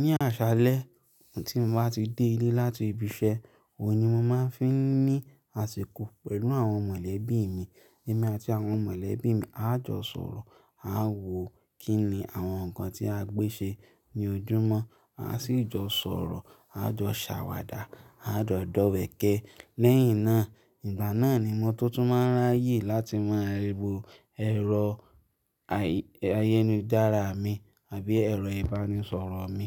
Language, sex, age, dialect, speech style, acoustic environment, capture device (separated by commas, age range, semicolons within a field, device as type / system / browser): Yoruba, male, 25-34, Nigeria - Lagos Yoruba, relaxed; happy, silence, mobile / Linux / Chrome